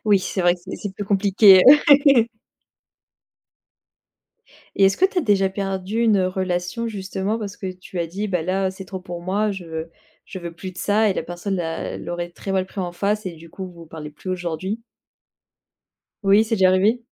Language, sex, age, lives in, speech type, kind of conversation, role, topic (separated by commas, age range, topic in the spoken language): French, female, 25-29, France, conversation, podcast, host, Comment poses-tu des limites avec tes proches ?